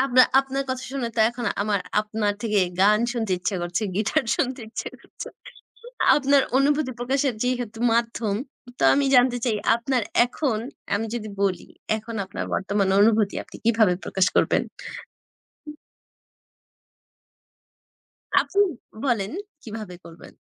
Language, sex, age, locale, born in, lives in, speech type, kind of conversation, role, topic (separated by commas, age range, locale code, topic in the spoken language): Bengali, female, 20-24, bn-BD, Bangladesh, Bangladesh, conversation, unstructured, none, আপনার কাছে নিজেকে প্রকাশ করার সবচেয়ে সহজ উপায় কোনটি বলে মনে হয়?